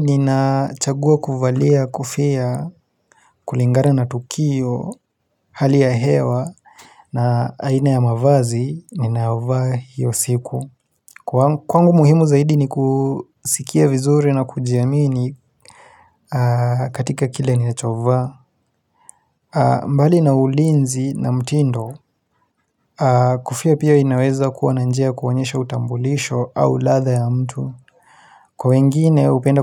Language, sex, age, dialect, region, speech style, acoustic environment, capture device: Swahili, male, 25-34, Kenya - Nairobi Sheng-influenced, Kenya, focused, silence, mobile / Linux / Chrome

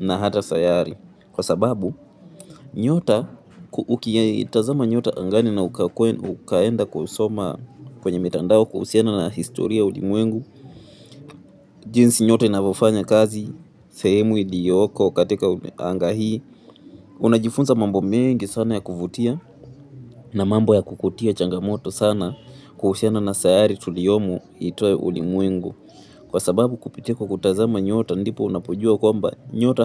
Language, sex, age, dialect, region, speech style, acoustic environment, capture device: Swahili, male, 18-24, Kenya - Nairobi Sheng-influenced, Kenya, relaxed, people talking, mobile / Linux / Chrome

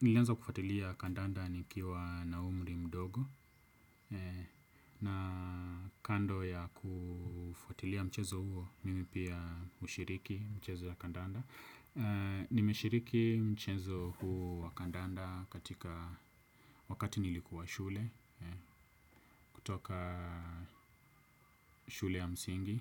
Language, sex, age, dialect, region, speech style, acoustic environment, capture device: Swahili, male, 25-34, Kenya - Nairobi Sheng-influenced, Kenya, focused, silence, desktop / Windows / Edge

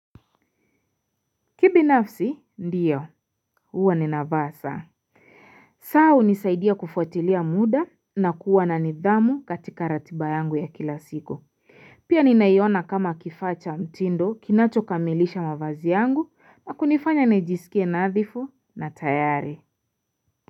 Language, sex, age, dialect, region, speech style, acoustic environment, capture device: Swahili, female, 25-34, Kenya - Nairobi Sheng-influenced, Kenya, focused, silence, mobile / Linux / Chrome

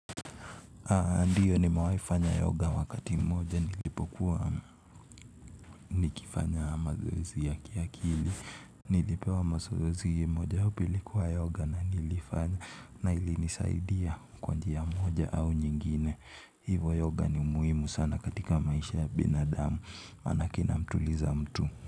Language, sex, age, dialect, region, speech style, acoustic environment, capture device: Swahili, male, 18-24, Kenya - Nairobi Sheng-influenced, Kenya, anxious, silence; nature; appliances; construction; music; people talking, mobile / Linux / Chrome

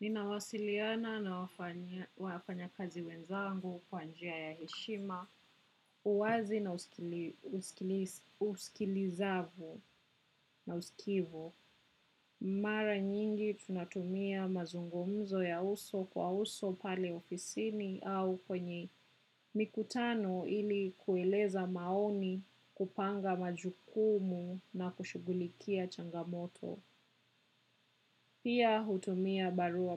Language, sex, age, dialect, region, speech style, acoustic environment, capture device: Swahili, female, 25-34, Kenya - Nairobi Sheng-influenced, Kenya, relaxed, silence, desktop / macOS / Chrome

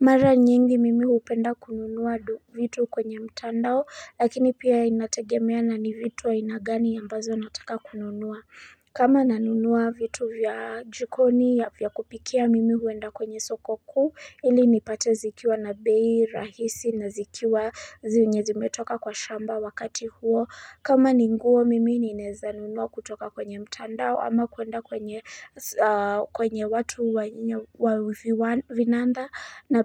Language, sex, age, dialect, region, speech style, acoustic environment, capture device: Swahili, female, 25-34, Kenya - Nairobi Sheng-influenced, Kenya, happy; excited; focused, nature, mobile / Linux / Chrome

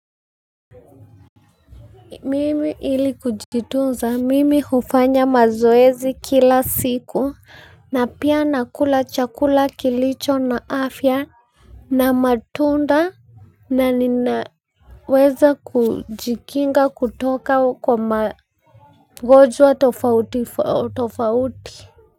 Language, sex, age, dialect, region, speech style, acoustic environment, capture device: Swahili, female, 25-34, Kenya - Nairobi Sheng-influenced, Kenya, focused, nature, mobile / Linux / Chrome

